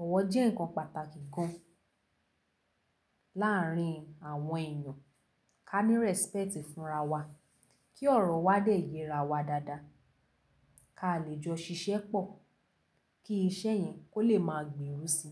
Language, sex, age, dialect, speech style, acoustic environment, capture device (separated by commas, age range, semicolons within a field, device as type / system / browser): Yoruba, female, 18-24, Nigeria - Lagos Yoruba, relaxed; focused, nature, mobile / Linux / Chrome